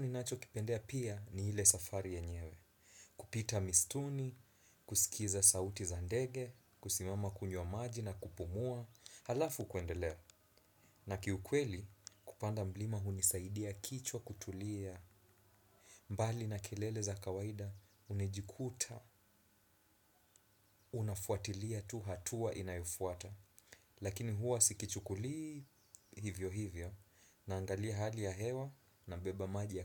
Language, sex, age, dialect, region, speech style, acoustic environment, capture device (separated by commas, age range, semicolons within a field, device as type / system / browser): Swahili, male, 25-34, Kenya - Mombasa, Kenya, relaxed, silence, desktop / Windows / Chrome